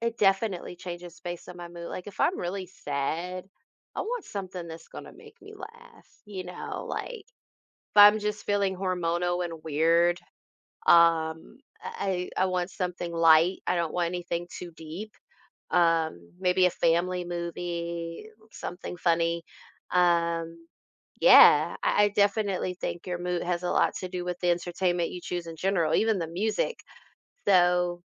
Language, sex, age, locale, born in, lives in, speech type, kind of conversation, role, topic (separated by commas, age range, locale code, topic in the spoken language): English, female, 35-39, en-US, United States, United States, conversation, unstructured, none, What kind of movies do you enjoy watching the most?